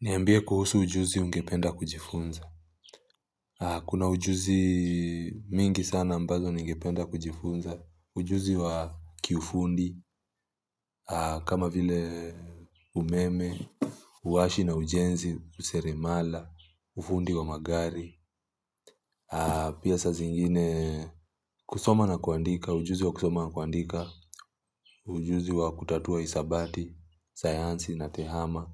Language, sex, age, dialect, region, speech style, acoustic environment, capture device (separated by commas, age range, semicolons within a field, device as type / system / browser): Swahili, male, 25-34, Kenya - Mombasa, Kenya, relaxed; focused, silence; nature, mobile / Linux / Chrome